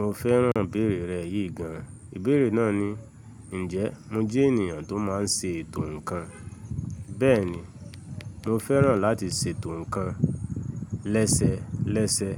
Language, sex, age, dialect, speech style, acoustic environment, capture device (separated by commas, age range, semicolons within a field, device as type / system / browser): Yoruba, male, 25-34, Nigeria - Lagos Yoruba, relaxed, silence, mobile / Linux / Chrome